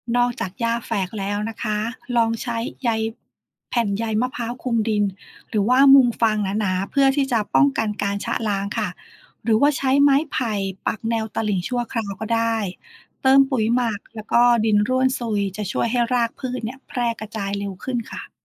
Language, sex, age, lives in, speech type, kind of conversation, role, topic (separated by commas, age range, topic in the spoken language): Thai, female, 40-44, Thailand, read, expert-assistant, expert, การเปลี่ยนแปลงของแม่น้ำหรือหน้าดิน มีผลดีผลเสียต่อชีวิตประจำวันอย่างไร